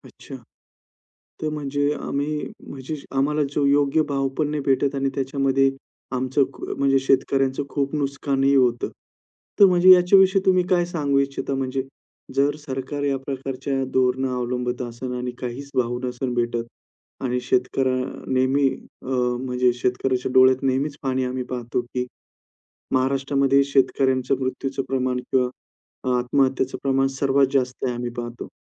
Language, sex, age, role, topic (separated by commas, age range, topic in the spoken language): Marathi, male, 35-39, host, शेतातल्या कामातून तुला कोणती जीवनशिक्षा मिळाली?